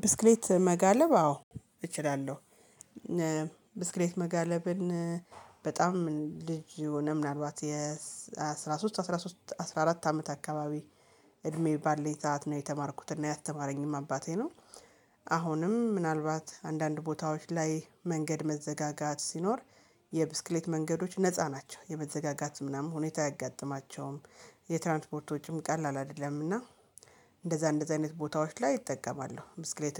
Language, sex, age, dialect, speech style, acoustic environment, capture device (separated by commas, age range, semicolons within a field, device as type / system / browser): Amharic, female, 35-44, Ethiopia - Gojjam, happy, silence, mobile / Linux / Chrome